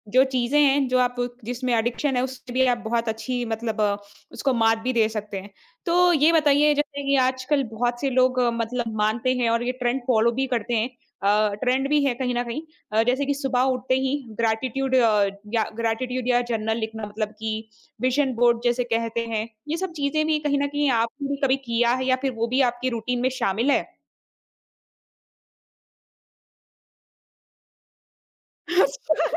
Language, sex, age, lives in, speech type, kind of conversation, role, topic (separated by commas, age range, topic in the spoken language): Hindi, female, 25-29, India, conversation, podcast, host, आप अपनी सुबह की रोज़मर्रा की आदतें कैसे बनाते हैं?